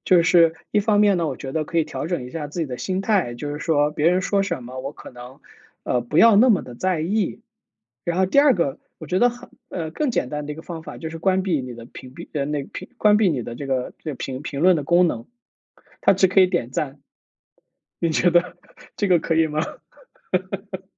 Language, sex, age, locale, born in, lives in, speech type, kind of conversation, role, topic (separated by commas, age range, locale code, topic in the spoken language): Chinese, male, 35-39, zh-CN, China, United States, conversation, advice, advisor, 我想分享未完成的作品，但担心被批评或误解，该怎么办？